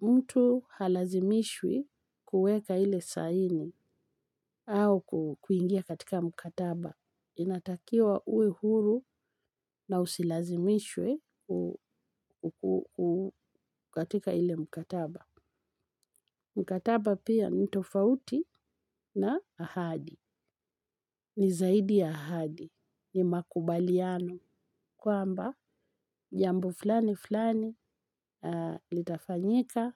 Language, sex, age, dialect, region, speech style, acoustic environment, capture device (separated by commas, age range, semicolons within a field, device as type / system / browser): Swahili, female, 45-54, Kenya - Nairobi Sheng-influenced, Kenya, relaxed, nature, mobile / Linux / Chrome